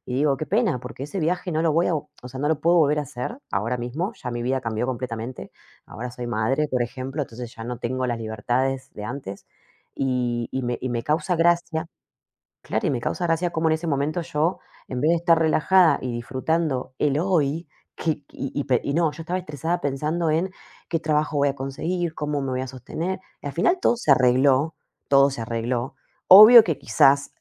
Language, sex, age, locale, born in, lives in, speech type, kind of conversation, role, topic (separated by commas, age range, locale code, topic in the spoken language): Spanish, female, 35-39, es-AR, Argentina, Portugal, conversation, unstructured, none, ¿Cómo manejas el estrés en tu vida diaria?